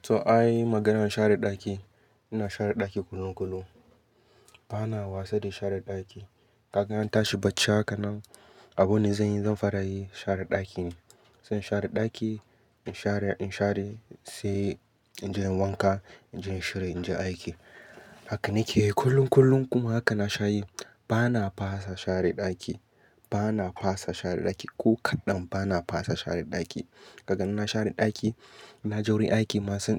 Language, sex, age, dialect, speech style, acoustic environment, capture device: Hausa, male, 25-34, Nigeria - Katsina, happy; focused, nature, mobile / Linux / Chrome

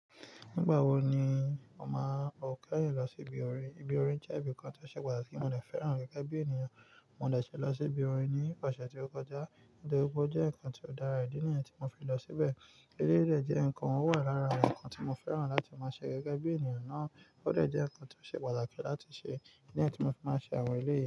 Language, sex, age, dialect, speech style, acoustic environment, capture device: Yoruba, male, 25-34, Nigeria - Nigerian Standard, focused, nature, mobile / Linux / Chrome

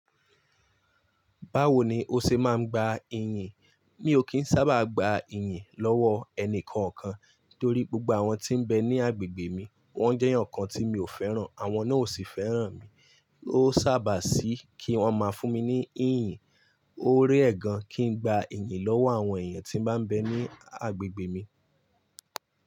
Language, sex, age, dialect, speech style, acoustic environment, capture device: Yoruba, male, 25-34, Nigeria - Lagos Yoruba, nervous, appliances; people talking; traffic, mobile / Linux / Chrome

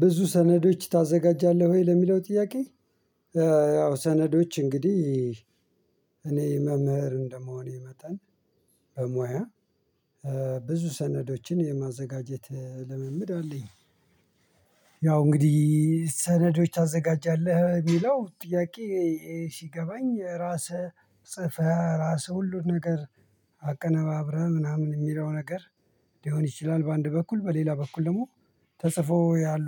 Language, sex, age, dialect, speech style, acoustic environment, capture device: Amharic, male, 45-54, Ethiopia - Addis Ababa, relaxed, people talking, mobile / Linux / Chrome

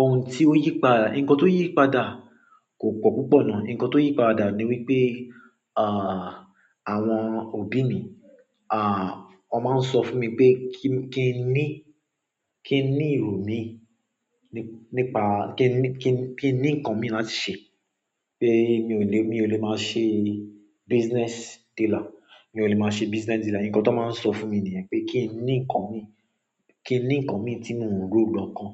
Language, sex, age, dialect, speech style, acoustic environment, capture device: Yoruba, male, 25-34, Nigeria - Lagos Yoruba, happy, silence, mobile / Android / Chrome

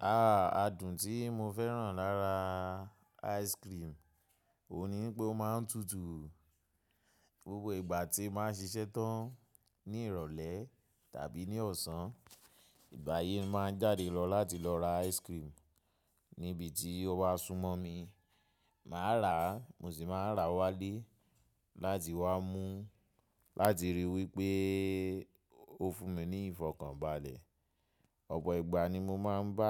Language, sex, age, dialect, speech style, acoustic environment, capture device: Yoruba, male, 25-34, Nigeria - Lagos Yoruba, relaxed, nature, mobile / macOS / Safari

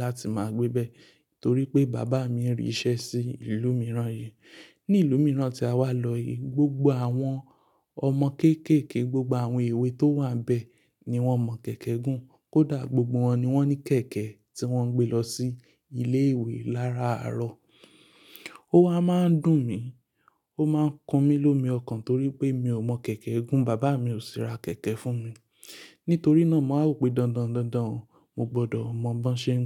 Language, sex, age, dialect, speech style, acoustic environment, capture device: Yoruba, male, 25-34, Nigeria - Lagos Yoruba, relaxed, silence, mobile / Linux / Chrome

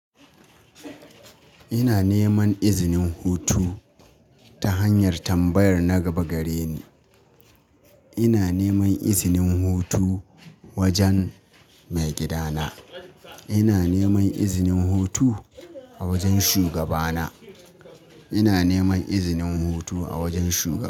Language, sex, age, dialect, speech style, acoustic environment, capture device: Hausa, male, 25-34, Nigeria - Katsina, happy, people talking, mobile / Linux / Chrome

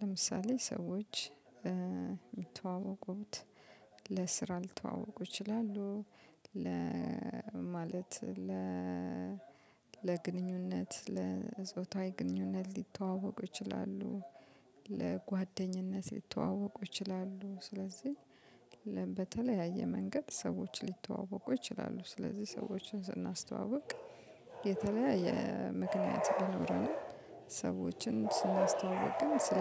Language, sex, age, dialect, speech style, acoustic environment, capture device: Amharic, female, 35-44, Ethiopia - Addis Ababa, happy, music, mobile / Linux / Chrome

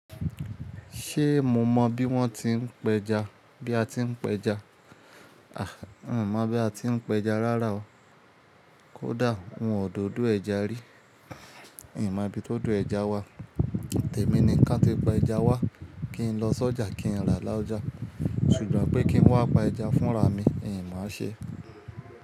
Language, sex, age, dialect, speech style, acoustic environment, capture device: Yoruba, male, 25-34, Nigeria - Ibadan, relaxed, appliances; nature, mobile / Linux / Chrome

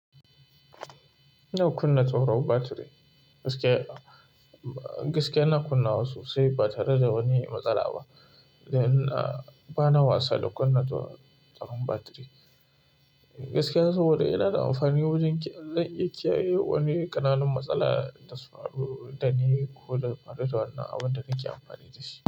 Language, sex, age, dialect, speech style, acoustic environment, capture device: Hausa, male, 25-34, Nigeria - Kano, happy, silence, mobile / Linux / Chrome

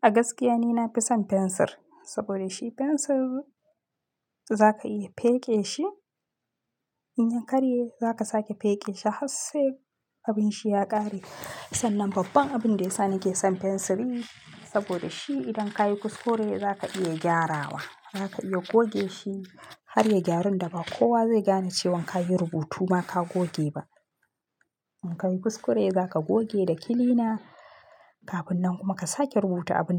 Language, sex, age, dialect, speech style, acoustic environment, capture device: Hausa, female, 25-34, Nigeria - Kano, happy, silence, mobile / Linux / Chrome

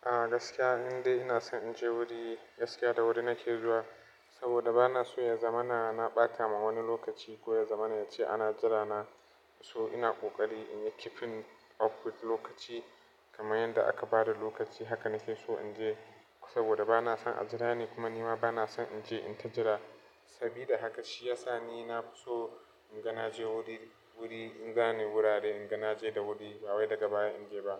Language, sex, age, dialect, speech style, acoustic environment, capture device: Hausa, male, 25-34, Nigeria - Nigerian Standard, happy; relaxed, appliances, mobile / Linux / Chrome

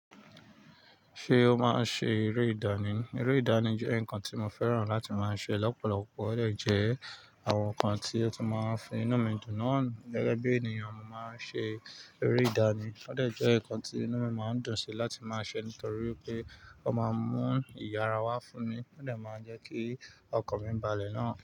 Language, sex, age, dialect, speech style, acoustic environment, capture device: Yoruba, male, 35-44, Nigeria - Nigerian Standard, excited, nature, mobile / Linux / Chrome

